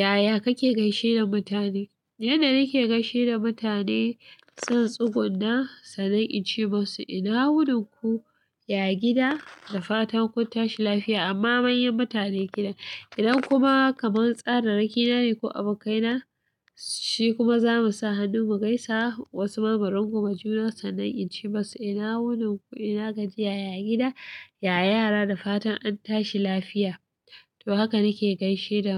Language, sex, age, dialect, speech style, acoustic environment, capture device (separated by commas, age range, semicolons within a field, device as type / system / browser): Hausa, female, 25-34, Nigeria - Katsina, happy, people talking; silence, mobile / Linux / Chrome